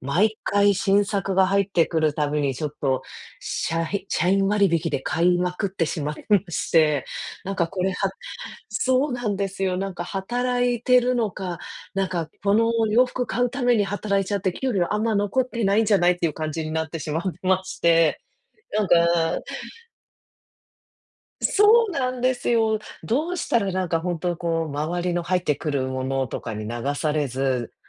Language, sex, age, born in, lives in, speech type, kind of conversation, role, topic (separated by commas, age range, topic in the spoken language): Japanese, female, 35-39, Japan, United States, conversation, advice, user, 日常で流行に流されずに、自分らしいスタイルを見つけるにはどうすればいいですか？